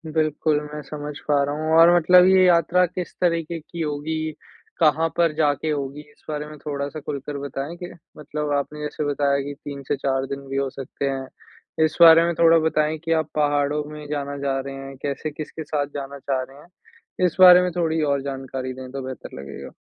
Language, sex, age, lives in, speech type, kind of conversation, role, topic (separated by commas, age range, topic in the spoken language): Hindi, male, 20-24, India, conversation, advice, advisor, यात्रा या छुट्टी के दौरान मेरी चिंता कैसे कम करूँ?